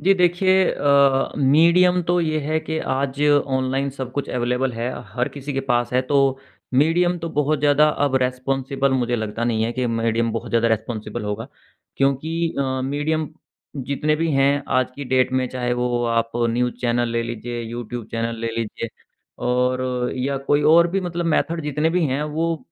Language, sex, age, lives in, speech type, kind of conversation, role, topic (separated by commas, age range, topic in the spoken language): Hindi, male, 35-39, India, conversation, podcast, guest, Digital divide ko kam karne ke liye kaunse practical aur realistic hal ho sakte hain?